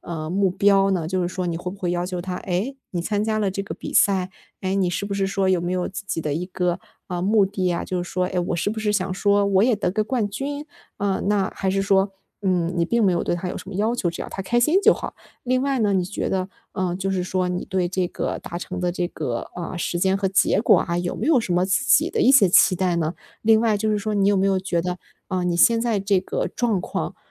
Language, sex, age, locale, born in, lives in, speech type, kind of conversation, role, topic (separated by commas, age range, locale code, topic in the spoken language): Chinese, female, 25-29, zh-CN, China, Germany, conversation, advice, advisor, 我怎样在乐观与现实之间平衡对目标的期待？